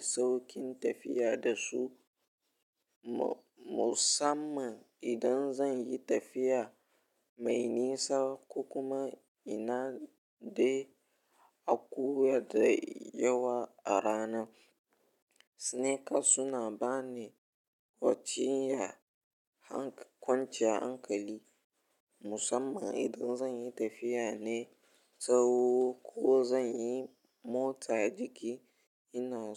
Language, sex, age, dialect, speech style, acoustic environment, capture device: Hausa, male, 18-24, Nigeria - Ibadan, relaxed, nature, mobile / Linux / Chrome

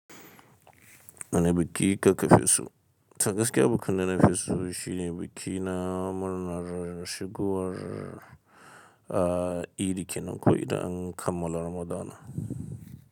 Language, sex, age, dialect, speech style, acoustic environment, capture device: Hausa, male, 25-34, Nigeria - Kano, stressed, silence, mobile / Linux / Chrome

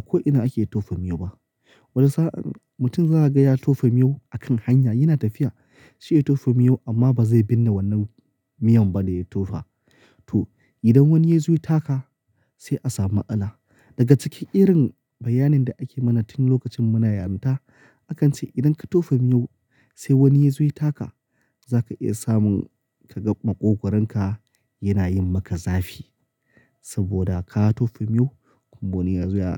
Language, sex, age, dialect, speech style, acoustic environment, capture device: Hausa, male, 25-34, Nigeria - Kano, happy; excited; focused, nature; silence, mobile / Linux / Chrome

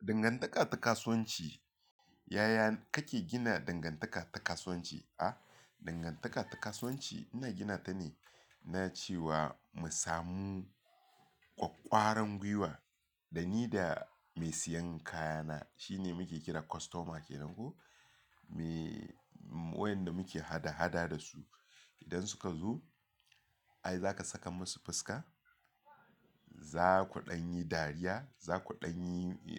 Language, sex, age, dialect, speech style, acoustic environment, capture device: Hausa, male, 35-44, Nigeria - Lagos Yoruba, relaxed, people talking, mobile / Linux / Chrome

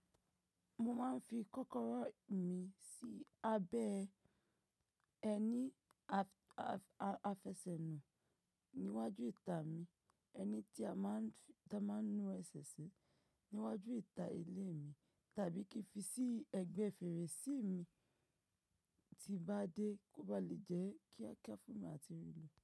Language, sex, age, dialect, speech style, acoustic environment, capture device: Yoruba, female, 25-34, Nigeria - Ibadan, focused, nature; appliances; silence, mobile / macOS / Safari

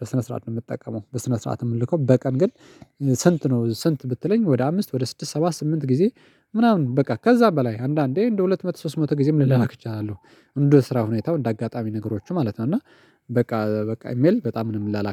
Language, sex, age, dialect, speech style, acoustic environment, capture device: Amharic, male, 35-44, Ethiopia - Addis Ababa, excited, silence, mobile / Linux / Chrome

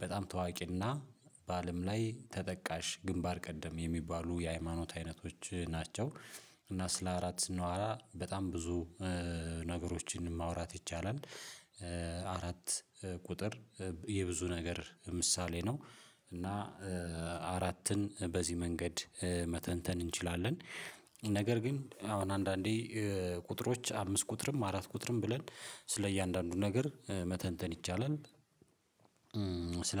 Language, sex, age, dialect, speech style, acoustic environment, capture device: Amharic, male, 35-44, Ethiopia - Addis Ababa, happy, silence, mobile / Linux / Chrome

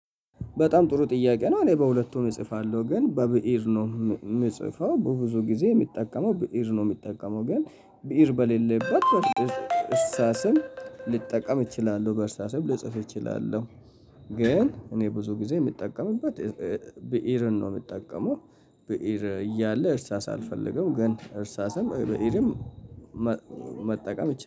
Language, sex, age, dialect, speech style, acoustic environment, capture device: Amharic, male, 25-34, Ethiopia - Addis Ababa, happy; relaxed; excited, people talking, mobile / Linux / Chrome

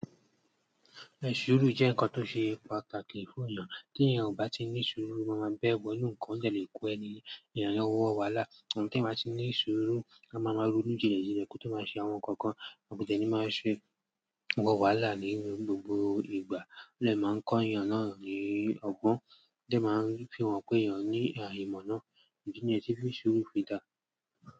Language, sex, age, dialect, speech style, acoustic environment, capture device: Yoruba, male, 18-24, Nigeria - Lagos Yoruba, excited, silence, mobile / Android / Chrome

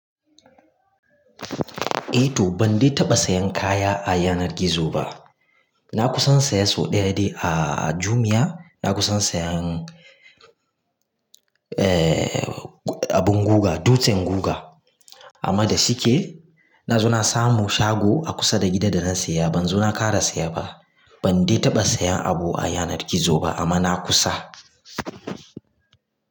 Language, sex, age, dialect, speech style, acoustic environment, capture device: Hausa, male, 25-34, Nigeria - Kano, relaxed, silence, mobile / Linux / Chrome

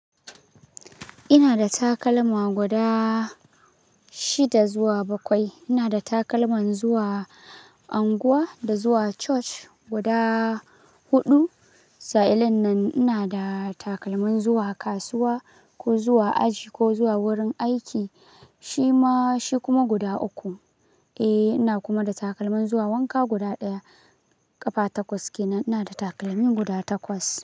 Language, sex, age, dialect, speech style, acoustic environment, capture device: Hausa, male, 18-24, Nigeria - Kano, relaxed; happy; excited; focused, silence, mobile / Linux / Chrome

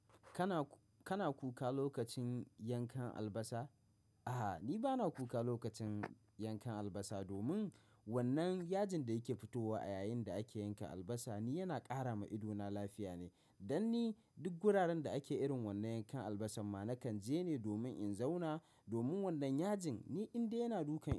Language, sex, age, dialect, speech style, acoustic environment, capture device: Hausa, male, 25-34, Nigeria - Kano, happy, silence, mobile / macOS / Safari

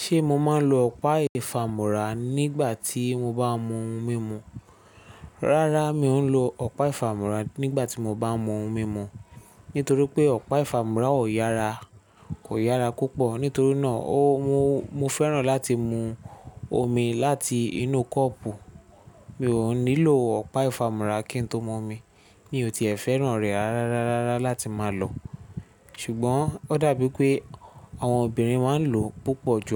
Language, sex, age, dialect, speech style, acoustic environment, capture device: Yoruba, male, 25-34, Nigeria - Lagos Yoruba, focused, silence, mobile / Linux / Chrome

